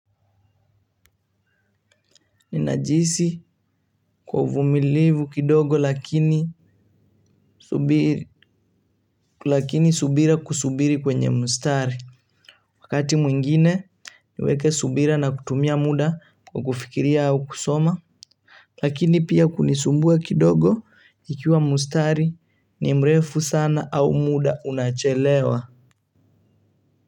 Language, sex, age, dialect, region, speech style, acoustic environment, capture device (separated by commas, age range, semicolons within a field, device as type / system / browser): Swahili, male, 18-24, Kenya - Mombasa, Kenya, relaxed, music, mobile / Linux / Chrome